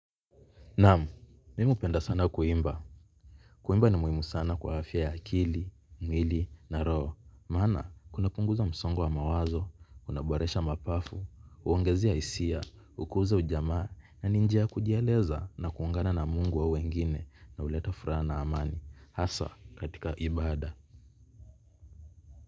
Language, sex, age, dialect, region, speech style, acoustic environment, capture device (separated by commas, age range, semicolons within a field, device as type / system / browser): Swahili, male, 25-34, Kenya - Mombasa, Kenya, relaxed; focused, people talking; traffic; nature, mobile / Linux / Chrome